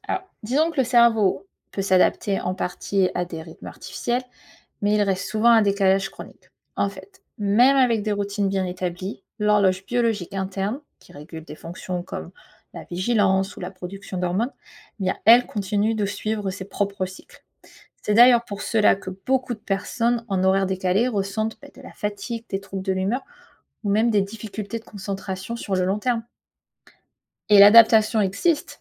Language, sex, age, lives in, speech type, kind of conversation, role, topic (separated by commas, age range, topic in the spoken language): French, female, 35-39, United States, read, expert-assistant, expert, La disparition du sommeil bouleverserait-elle notre équilibre et nos relations ?